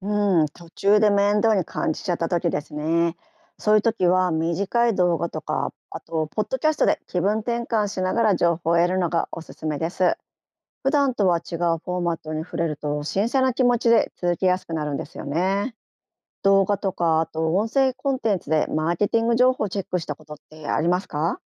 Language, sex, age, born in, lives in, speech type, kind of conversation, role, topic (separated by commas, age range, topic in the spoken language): Japanese, female, 50-54, Japan, Japan, read, expert-assistant, expert, マーケティングの最新情報を集めるのにおすすめの方法は何ですか？